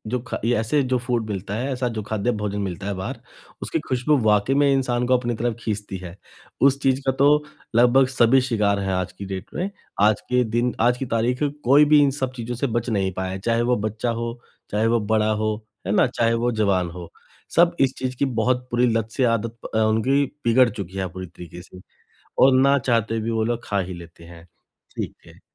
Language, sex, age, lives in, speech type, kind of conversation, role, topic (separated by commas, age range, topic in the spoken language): Hindi, male, 35-39, India, conversation, advice, advisor, मैं प्रसंस्कृत खाद्य पदार्थों का सेवन कम करने के लिए क्या शुरू करूँ?